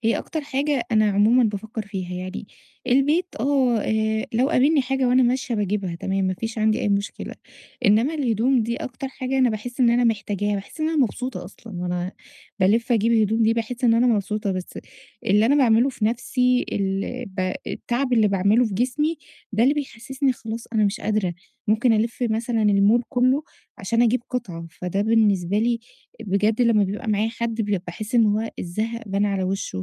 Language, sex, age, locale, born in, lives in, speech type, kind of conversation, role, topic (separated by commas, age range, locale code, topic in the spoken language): Arabic, female, 30-34, ar-EG, Egypt, Egypt, conversation, advice, user, إزاي أشتري حاجات كويسة من غير ما أضيّع وقتي ولا فلوسي؟